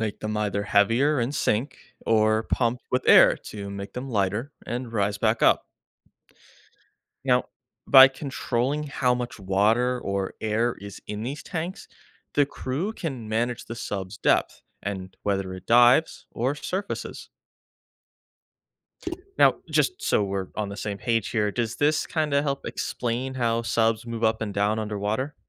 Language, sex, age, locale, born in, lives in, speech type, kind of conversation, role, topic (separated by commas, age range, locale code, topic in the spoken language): English, male, 25-29, en-US, United States, United States, read, expert-assistant, expert, How do submarines operate and keep their crews safe underwater?